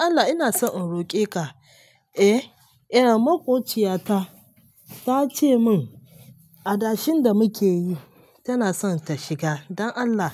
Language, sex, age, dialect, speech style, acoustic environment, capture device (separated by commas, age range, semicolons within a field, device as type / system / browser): Hausa, female, 25-34, Nigeria - Lagos Yoruba, relaxed, silence, mobile / Linux / Chrome